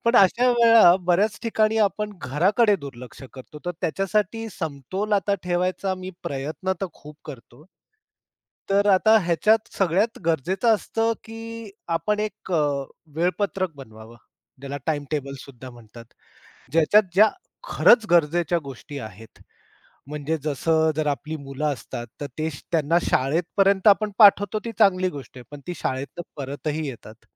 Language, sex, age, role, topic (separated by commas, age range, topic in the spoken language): Marathi, male, 45-49, guest, तुम्ही काम आणि घर यांचा समतोल कसा राखता?